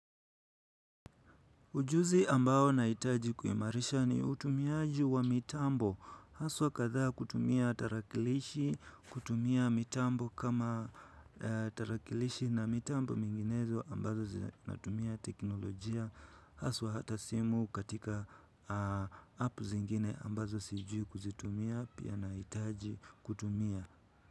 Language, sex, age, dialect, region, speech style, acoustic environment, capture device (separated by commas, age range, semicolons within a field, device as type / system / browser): Swahili, male, 18-24, Kenya - Nairobi Sheng-influenced, Kenya, anxious, nature, mobile / Linux / Chrome